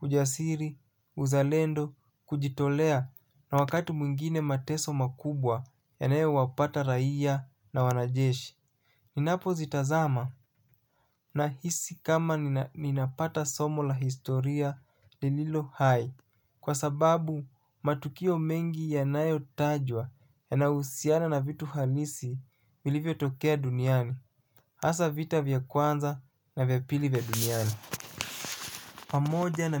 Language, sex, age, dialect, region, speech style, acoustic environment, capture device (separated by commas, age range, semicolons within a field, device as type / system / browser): Swahili, male, 25-34, Kenya - Mombasa, Kenya, focused; relaxed, nature, mobile / Linux / Chrome